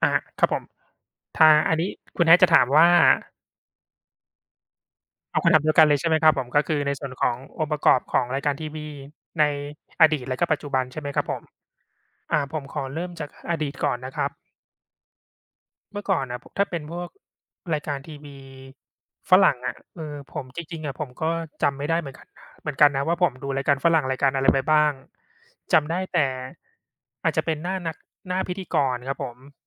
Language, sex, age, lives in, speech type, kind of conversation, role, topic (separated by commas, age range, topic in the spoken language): Thai, male, 35-39, Thailand, conversation, unstructured, none, อะไรทำให้รายการโทรทัศน์ดีๆ ดึงดูดความสนใจของผู้ชม?